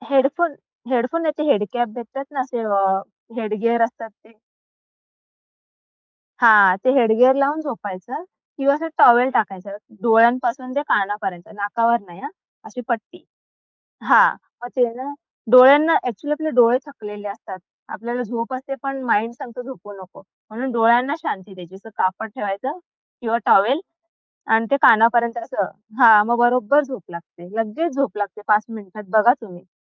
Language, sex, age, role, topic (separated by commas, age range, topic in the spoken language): Marathi, female, 25-29, guest, मधल्या रात्री जाग आली तर पुन्हा झोप कशी लागते?